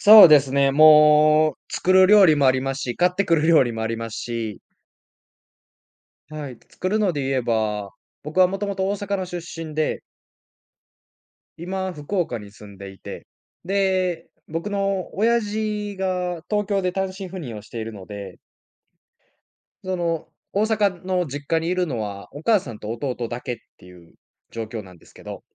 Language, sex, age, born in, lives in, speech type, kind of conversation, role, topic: Japanese, male, 20-24, Japan, Japan, conversation, podcast, guest, 家族の行事で必ず作る料理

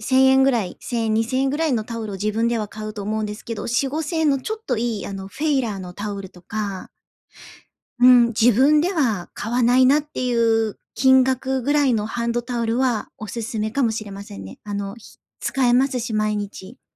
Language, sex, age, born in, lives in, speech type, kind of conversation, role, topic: Japanese, female, 30-34, Japan, Japan, conversation, advice, advisor, 予算内で良い品質のものを見つけるには、どうすればいいですか？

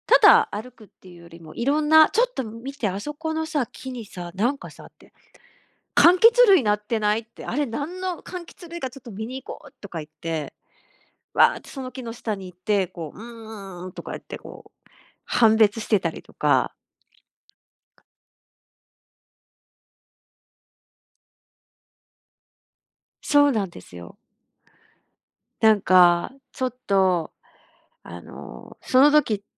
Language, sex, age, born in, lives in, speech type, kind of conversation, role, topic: Japanese, female, 50-54, Japan, Japan, conversation, podcast, guest, 日常の中で「これいいな」と感じるのは、どんな瞬間ですか？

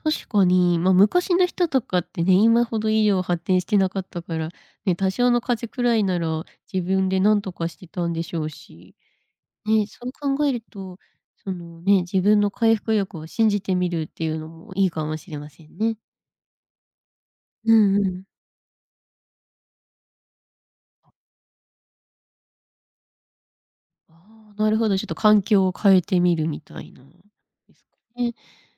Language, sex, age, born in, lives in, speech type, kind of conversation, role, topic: Japanese, female, 25-29, Japan, Japan, conversation, podcast, host, 自分の回復力を高めるために、いちばん効果的な方法は何ですか？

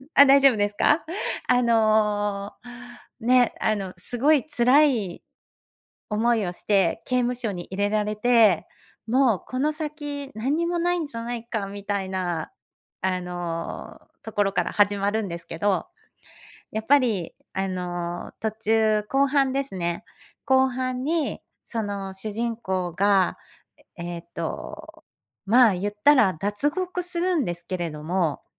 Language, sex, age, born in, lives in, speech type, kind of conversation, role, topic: Japanese, female, 50-54, Japan, Japan, conversation, podcast, guest, 映画で一番印象に残った場面はどこだった？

